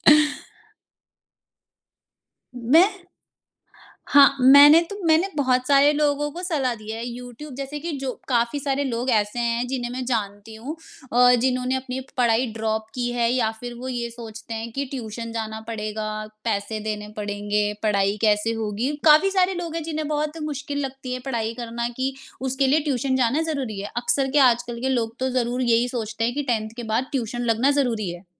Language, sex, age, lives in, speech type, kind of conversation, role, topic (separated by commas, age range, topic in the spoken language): Hindi, female, 25-29, India, conversation, podcast, guest, कौन सी ऐप ने आपकी ज़िंदगी सबसे ज़्यादा आसान बनाई है और क्यों?